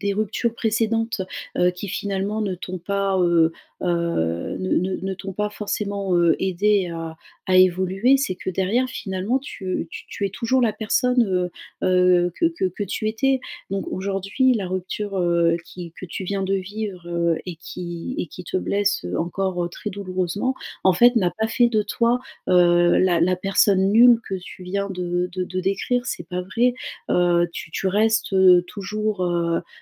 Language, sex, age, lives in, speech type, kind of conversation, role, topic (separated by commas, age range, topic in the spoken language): French, female, 35-39, France, conversation, advice, advisor, Comment puis-je transformer ma douleur après une rupture en véritable croissance personnelle ?